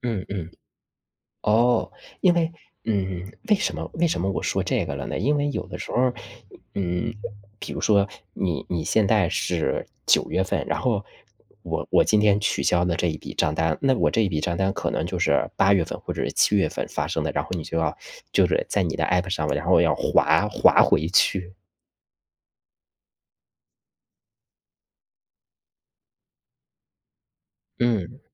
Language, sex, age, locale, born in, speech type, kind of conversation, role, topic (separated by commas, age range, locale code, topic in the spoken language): Chinese, male, 35-39, zh-CN, China, conversation, unstructured, none, 你平时是怎么管理自己的钱的？